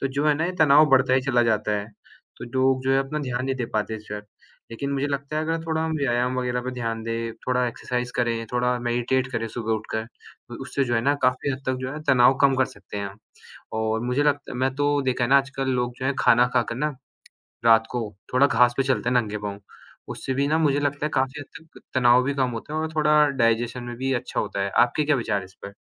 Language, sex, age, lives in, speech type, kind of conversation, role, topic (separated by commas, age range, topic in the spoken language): Hindi, male, 18-19, India, conversation, unstructured, none, आप अपने दिन में तनाव को कैसे संभालते हैं?